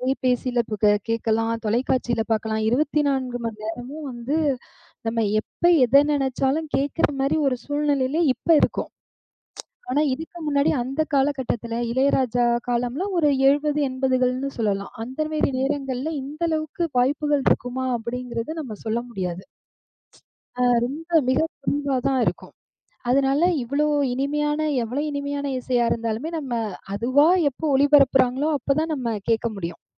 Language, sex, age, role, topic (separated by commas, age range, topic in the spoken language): Tamil, female, 35-39, host, நண்பர்கள் உங்கள் இசைச் சுவையை மாற்றியிருக்கிறார்களா?